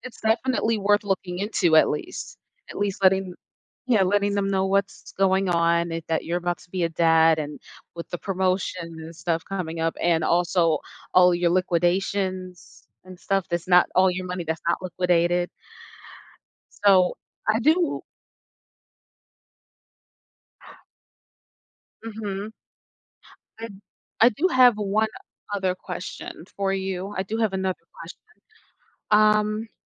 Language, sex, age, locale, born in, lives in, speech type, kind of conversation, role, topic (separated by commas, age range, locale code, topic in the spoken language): English, female, 25-29, en-US, United States, United States, conversation, advice, advisor, How can I manage financial stress and regain control of my money?